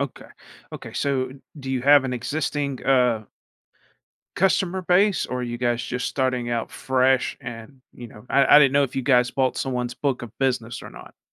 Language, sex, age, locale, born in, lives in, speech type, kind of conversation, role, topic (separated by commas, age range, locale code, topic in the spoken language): English, male, 40-44, en-US, United States, United States, conversation, advice, advisor, How do I make a good impression in my new job?